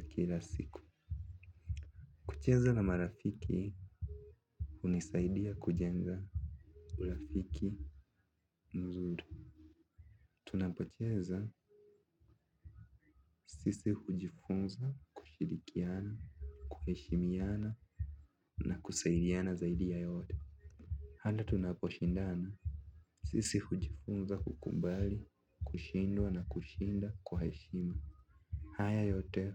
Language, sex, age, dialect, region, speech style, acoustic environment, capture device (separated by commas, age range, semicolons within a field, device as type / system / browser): Swahili, male, 18-24, Kenya - Nairobi Sheng-influenced, Kenya, relaxed, people talking; music; nature, mobile / Linux / Chrome